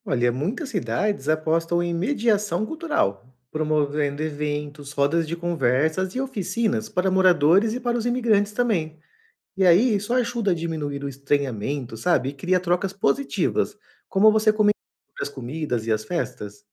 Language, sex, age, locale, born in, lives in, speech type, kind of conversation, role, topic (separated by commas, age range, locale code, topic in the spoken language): Portuguese, male, 35-39, pt-BR, Brazil, Portugal, read, expert-assistant, expert, O que aconteceria se todas as fronteiras entre os países fossem abertas de um dia para o outro? Quais seriam os impactos sociais, econômicos e políticos?